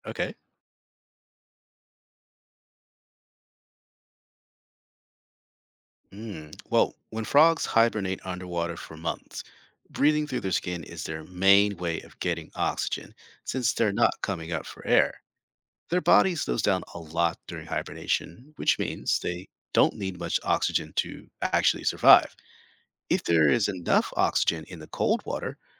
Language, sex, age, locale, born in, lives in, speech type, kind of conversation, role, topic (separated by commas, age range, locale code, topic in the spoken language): English, male, 45-49, en-US, United States, United States, read, expert-assistant, expert, How do frogs breathe underwater?